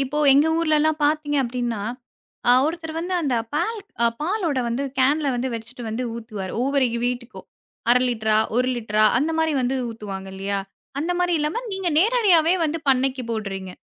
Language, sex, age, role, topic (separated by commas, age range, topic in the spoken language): Tamil, female, 25-29, host, உள்ளூர் பண்ணைகளில் இருந்து பொருட்களை வாங்குவதால் என்னென்ன நன்மைகள் கிடைக்கின்றன என்று நீங்கள் நினைக்கிறீர்கள்?